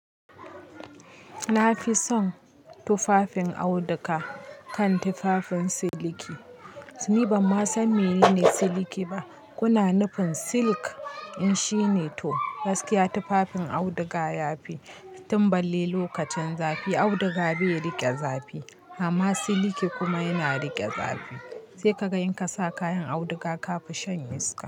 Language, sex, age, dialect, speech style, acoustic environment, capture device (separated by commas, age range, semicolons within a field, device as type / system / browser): Hausa, female, 25-34, Nigeria - Kano, relaxed, people talking; nature, mobile / Linux / Chrome